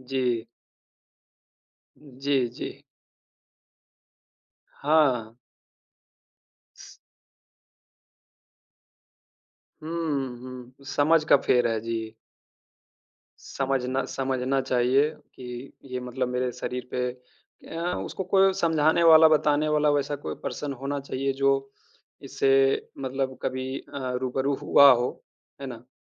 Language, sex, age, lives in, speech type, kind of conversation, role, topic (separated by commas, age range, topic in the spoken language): Hindi, male, 30-34, India, conversation, unstructured, none, आपको व्यायाम करने की सबसे पसंदीदा विधि कौन-सी है?